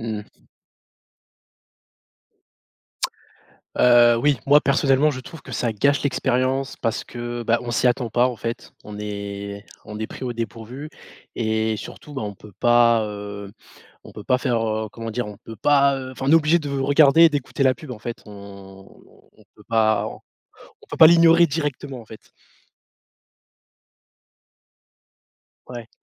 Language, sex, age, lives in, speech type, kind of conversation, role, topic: French, male, 25-29, France, conversation, unstructured, none, Est-ce que la publicité gâche ton expérience des films ou de la musique ?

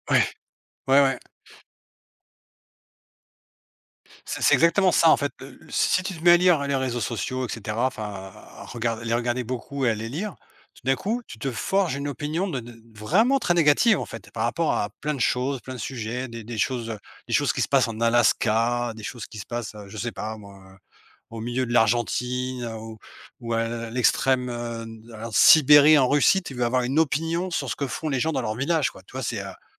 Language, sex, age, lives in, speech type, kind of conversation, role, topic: French, male, 45-49, Portugal, conversation, unstructured, none, Penses-tu que les réseaux sociaux manipulent nos opinions ?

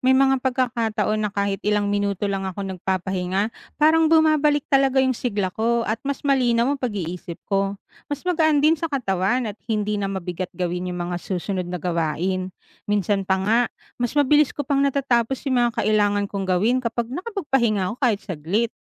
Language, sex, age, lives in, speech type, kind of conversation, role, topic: Filipino, female, 35-39, Philippines, read, expert-assistant, asker, Paano Mapapanatili ang Sigla at Ginhawa sa Trabaho Kahit Abala?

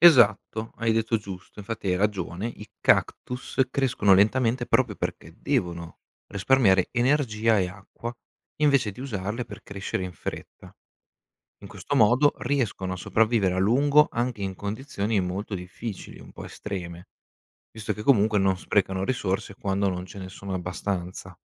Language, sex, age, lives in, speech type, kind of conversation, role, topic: Italian, male, 25-29, Italy, read, expert-assistant, expert, Perché i cactus possono vivere nel deserto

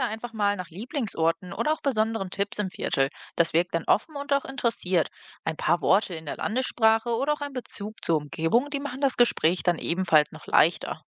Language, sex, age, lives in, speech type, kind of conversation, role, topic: German, female, 25-29, Germany, read, expert-assistant, expert, Wie kann ich meine nächste Reise so planen, dass ich wirklich Neues entdecke und nicht in Touristenfallen lande?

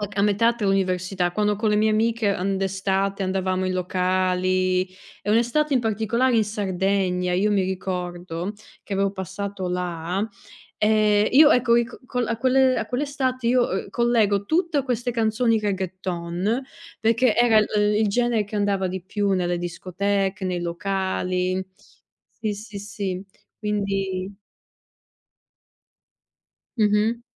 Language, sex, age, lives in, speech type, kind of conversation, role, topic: Italian, female, 30-34, Italy, conversation, unstructured, none, Qual è la canzone che ti ricorda un momento speciale?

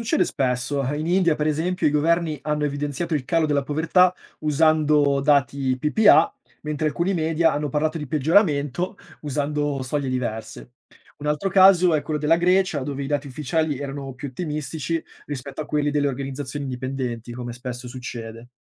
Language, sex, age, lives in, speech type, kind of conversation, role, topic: Italian, male, 25-29, Italy, read, expert-assistant, expert, Come mai alcuni dicono che la povertà sta diminuendo nel mondo, mentre altri raccontano il contrario?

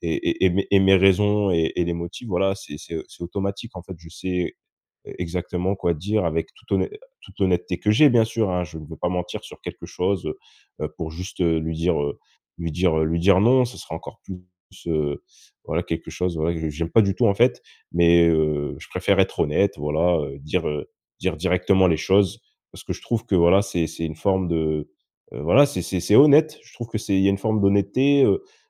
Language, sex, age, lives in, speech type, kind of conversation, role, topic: French, male, 25-29, France, conversation, advice, user, Comment fixer des limites avec mes amis sans les perdre ?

